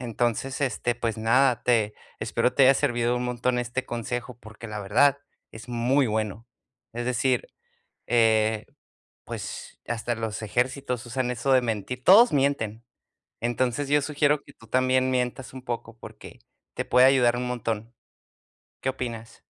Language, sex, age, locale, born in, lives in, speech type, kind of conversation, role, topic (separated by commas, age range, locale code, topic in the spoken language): Spanish, male, 30-34, es-US, United States, United States, conversation, advice, advisor, ¿Cómo puedo aprender a decir que no sin sentirme mal?